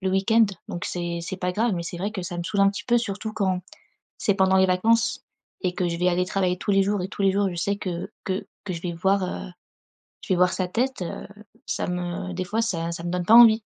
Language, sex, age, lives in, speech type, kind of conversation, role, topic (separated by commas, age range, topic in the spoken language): French, female, 25-29, France, conversation, advice, user, Comment réagir face aux critiques constantes d’un collègue ?